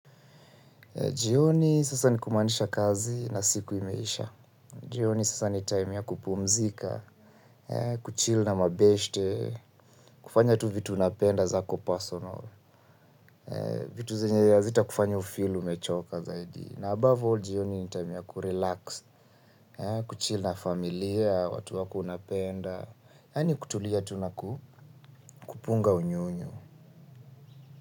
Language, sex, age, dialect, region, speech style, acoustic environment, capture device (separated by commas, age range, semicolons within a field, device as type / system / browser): Swahili, male, 25-34, Kenya - Nairobi Sheng-influenced, Kenya, relaxed, silence, mobile / Linux / Chrome